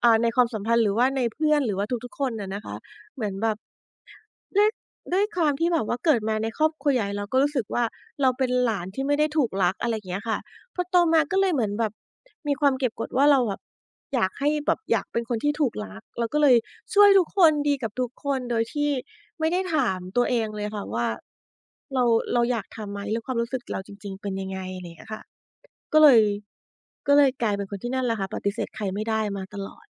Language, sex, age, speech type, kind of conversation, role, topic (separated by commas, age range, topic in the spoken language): Thai, female, 40-44, conversation, podcast, guest, คุณฝึกบอกว่า “ไม่” อย่างมั่นใจได้อย่างไร?